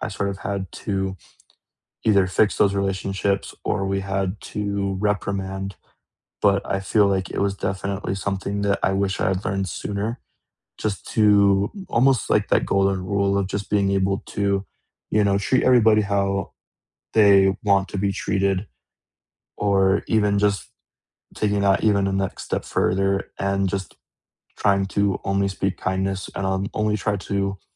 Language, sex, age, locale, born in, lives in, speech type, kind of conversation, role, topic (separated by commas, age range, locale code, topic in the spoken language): English, male, 20-24, en-US, United States, United States, conversation, unstructured, none, What small, practical life tip do you wish you’d learned sooner, and how has it helped?